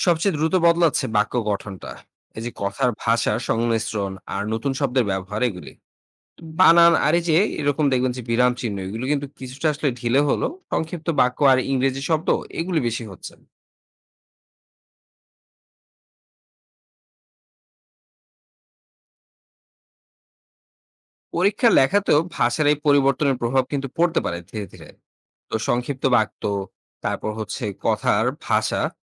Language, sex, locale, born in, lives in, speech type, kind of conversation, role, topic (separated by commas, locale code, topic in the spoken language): Bengali, male, bn-BD, Bangladesh, Bangladesh, read, expert-assistant, expert, ভাষার বিবর্তন কিভাবে ঘটে—ভবিষ্যতে বাংলা ভাষার রূপ কেমন হতে পারে?